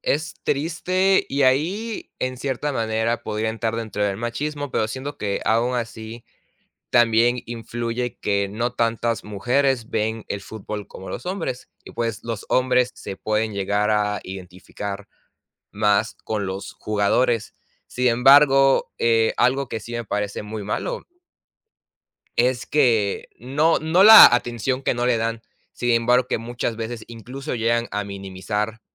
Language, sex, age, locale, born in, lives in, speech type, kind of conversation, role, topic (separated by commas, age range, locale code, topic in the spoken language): Spanish, male, 18-19, es-MX, Mexico, Mexico, conversation, unstructured, none, ¿Qué opinas sobre los estereotipos en el deporte?